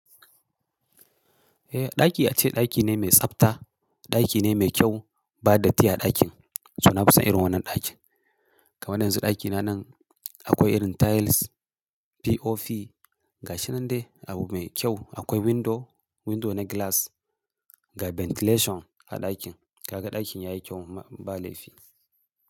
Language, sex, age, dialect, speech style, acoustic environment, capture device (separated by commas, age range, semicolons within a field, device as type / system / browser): Hausa, male, 35-44, Nigeria - Kano, happy; focused; excited, silence, mobile / Linux / Chrome